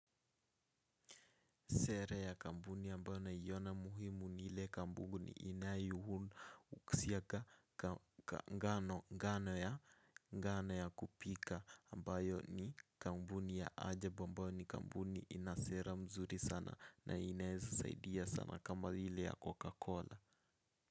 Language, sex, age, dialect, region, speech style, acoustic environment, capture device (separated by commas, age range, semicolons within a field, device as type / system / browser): Swahili, male, 18-24, Kenya - Nairobi Sheng-influenced, Kenya, nervous; excited; focused; relaxed, silence, mobile / Linux / Chrome